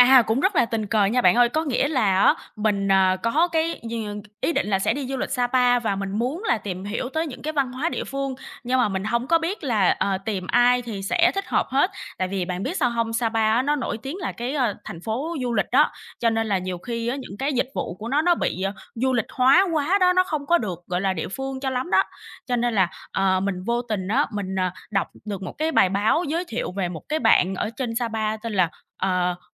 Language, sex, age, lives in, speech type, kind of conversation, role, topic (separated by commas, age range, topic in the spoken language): Vietnamese, female, 25-29, Vietnam, conversation, podcast, guest, Bạn có thể kể về một người bản địa đã chỉ bạn chỗ ăn ngon không?